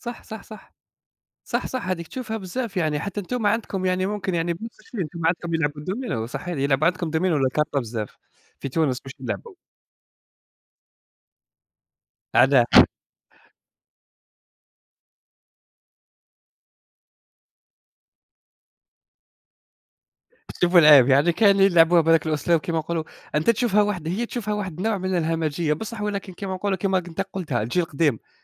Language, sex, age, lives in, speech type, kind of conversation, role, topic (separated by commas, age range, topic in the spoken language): Arabic, male, 20-24, Germany, conversation, unstructured, none, هل شايف إن الرياضة ممكن تسبب ضغط نفسي أحيانًا؟